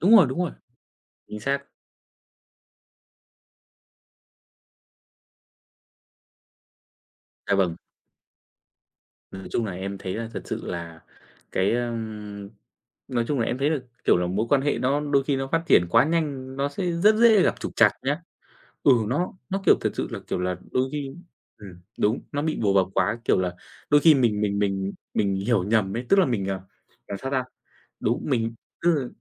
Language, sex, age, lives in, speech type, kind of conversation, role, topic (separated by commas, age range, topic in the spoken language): Vietnamese, male, 25-29, Vietnam, conversation, unstructured, none, Bạn có nghĩ rằng tình yêu cần thời gian để phát triển không?